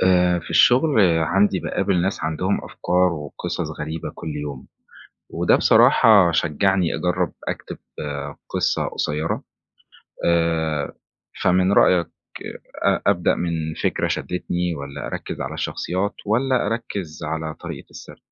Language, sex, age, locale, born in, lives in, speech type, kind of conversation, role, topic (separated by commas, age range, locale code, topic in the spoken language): Arabic, male, 20-24, ar-EG, Egypt, Egypt, read, expert-assistant, asker, لو عايز أكتب قصة قصيرة، إيه أهم حاجة أركز عليها الأول: الفكرة ولا الشخصيات ولا الأسلوب؟